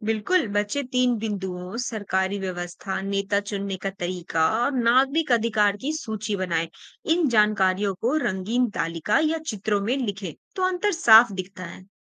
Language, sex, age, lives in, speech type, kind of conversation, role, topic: Hindi, female, 25-29, India, read, expert-assistant, expert, अगर मैं अपने परिवार या दोस्तों के साथ शासन प्रणालियों पर चर्चा करना चाहूँ, तो कौन से आसान और दिलचस्प स्रोत देख सकता हूँ?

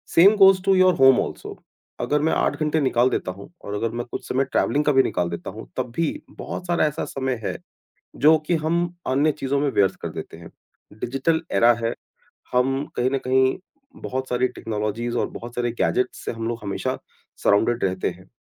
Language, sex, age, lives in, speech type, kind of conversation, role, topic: Hindi, male, 40-44, India, conversation, podcast, guest, Jo log bilkul zero se shuru karna chahte hain, unke liye tumhari sabse simple aur practical advice kya hogi?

